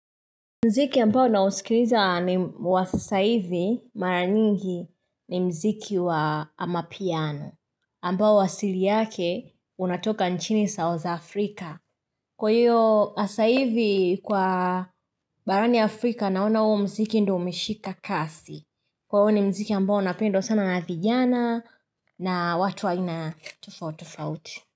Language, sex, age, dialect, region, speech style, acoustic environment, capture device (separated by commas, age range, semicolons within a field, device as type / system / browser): Swahili, female, 25-34, Tanzania - Dar es Salaam, Tanzania, happy; excited; focused; relaxed, silence; nature, mobile / Linux / Chrome